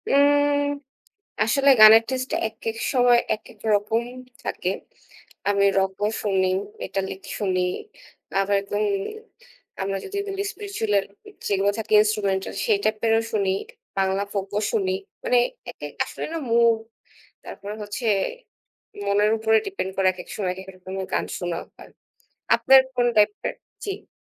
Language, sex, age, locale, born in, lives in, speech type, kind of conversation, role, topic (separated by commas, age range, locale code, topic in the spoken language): Bengali, female, 25-29, bn-BD, Bangladesh, Bangladesh, conversation, unstructured, none, কোন গান তোমাকে গতকালের মতো স্মৃতিতে ফিরিয়ে নিয়ে যায়?